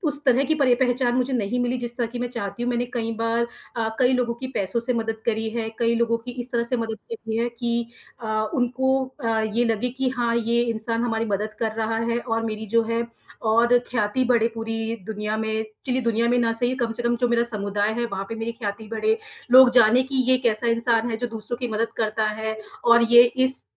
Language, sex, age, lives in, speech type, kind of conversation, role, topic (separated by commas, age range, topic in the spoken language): Hindi, female, 30-34, India, conversation, advice, user, मैं अपने समुदाय में पहचान और स्वीकार्यता पाने के लिए कैसे योगदान दे सकता/सकती हूँ?